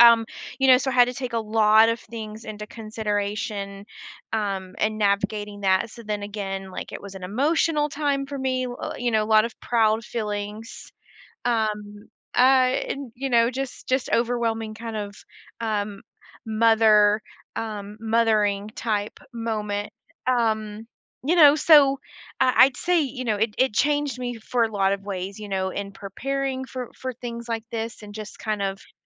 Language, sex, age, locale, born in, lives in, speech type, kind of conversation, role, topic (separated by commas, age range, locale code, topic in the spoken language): English, female, 35-39, en-US, United States, United States, conversation, podcast, guest, How can travel shape your perspective or personal growth?